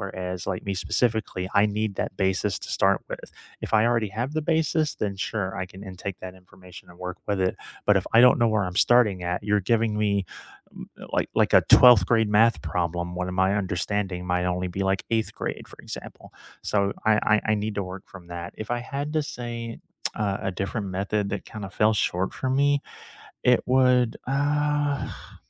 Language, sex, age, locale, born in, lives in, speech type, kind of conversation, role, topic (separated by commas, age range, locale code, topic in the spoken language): English, male, 35-39, en-US, United States, United States, conversation, unstructured, none, How do you learn best—through taking notes, watching videos, having discussions, or doing hands-on practice?